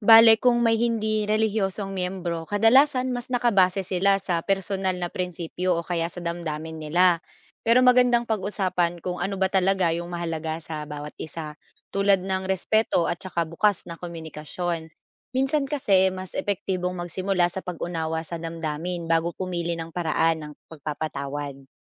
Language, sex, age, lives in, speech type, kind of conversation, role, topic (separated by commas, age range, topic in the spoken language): Filipino, female, 25-29, Philippines, read, expert-assistant, expert, Ano ang kahulugan ng 'pagpapatawad' ayon sa mga turo ng iba't ibang relihiyon, at paano ito nakakaapekto sa ating mga relasyon?